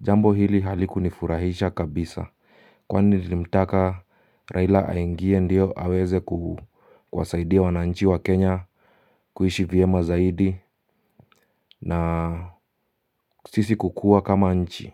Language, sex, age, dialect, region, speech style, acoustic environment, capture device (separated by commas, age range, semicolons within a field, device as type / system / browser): Swahili, male, 25-34, Kenya - Nairobi Sheng-influenced, Kenya, tired, silence, mobile / Linux / Chrome